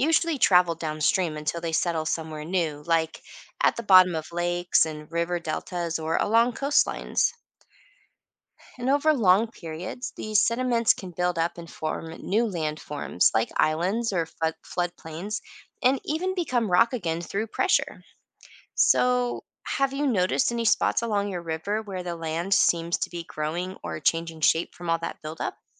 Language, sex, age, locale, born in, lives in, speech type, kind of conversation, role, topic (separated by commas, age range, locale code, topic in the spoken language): English, female, 40-44, en-US, United States, United States, read, expert-assistant, expert, How do weathering and erosion shape landscapes over time?